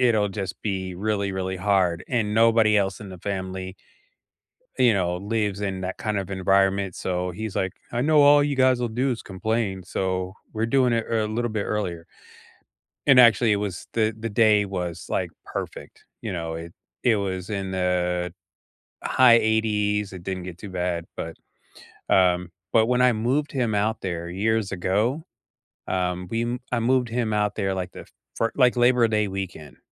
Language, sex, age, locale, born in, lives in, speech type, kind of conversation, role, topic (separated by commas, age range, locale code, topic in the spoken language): English, male, 50-54, en-US, United States, United States, conversation, unstructured, none, What is your go-to coffee shop for people-watching?